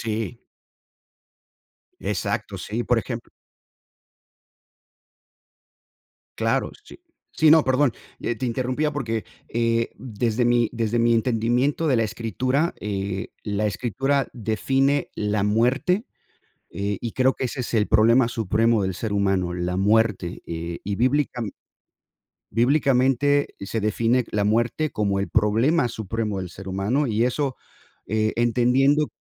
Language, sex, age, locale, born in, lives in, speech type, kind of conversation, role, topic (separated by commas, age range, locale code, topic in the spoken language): Spanish, male, 50-54, es-MX, United States, United States, conversation, unstructured, none, ¿Crees que la religión une o divide a las personas?